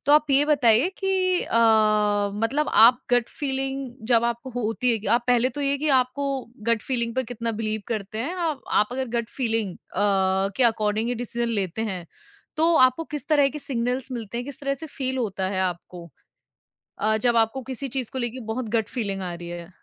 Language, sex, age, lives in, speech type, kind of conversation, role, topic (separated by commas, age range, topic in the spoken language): Hindi, female, 25-29, India, conversation, podcast, host, Jab tumhari gut feeling sahi hoti hai, toh tumhe kaunse chhote-chhote sanket milte hain?